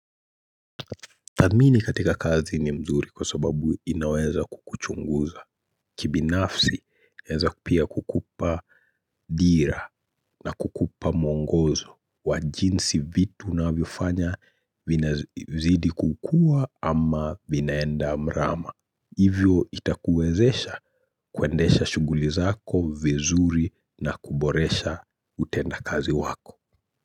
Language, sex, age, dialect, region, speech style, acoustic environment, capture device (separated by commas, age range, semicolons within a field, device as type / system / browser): Swahili, male, 25-34, Kenya - Nairobi Sheng-influenced, Kenya, anxious, silence, mobile / Linux / Chrome